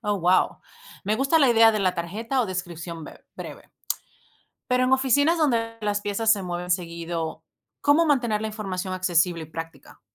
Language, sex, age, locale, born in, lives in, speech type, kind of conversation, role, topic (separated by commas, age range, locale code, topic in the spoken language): Spanish, female, 35-39, es-VE, Venezuela, United States, read, expert-assistant, asker, ¿Qué diferencia existe realmente entre el arte popular y la artesanía? Siempre escucho ambos términos, pero no sé si significan lo mismo o hay matices importantes.